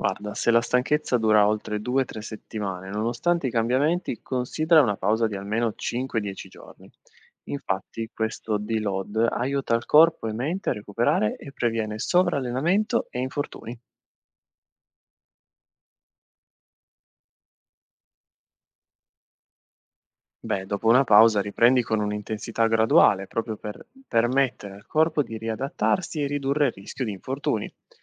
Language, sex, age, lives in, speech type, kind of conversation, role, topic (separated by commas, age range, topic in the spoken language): Italian, male, 25-29, Italy, read, expert-assistant, expert, Come posso capire se sto davvero migliorando nella mia routine di fitness?